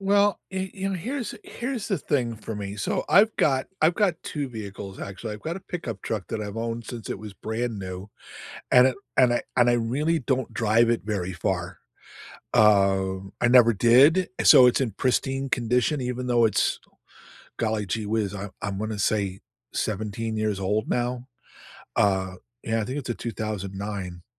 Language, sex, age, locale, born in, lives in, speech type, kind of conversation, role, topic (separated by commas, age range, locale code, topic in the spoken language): English, male, 65-69, en-US, United States, United States, conversation, unstructured, none, Should you keep your old car longer or switch to a newer, more efficient one?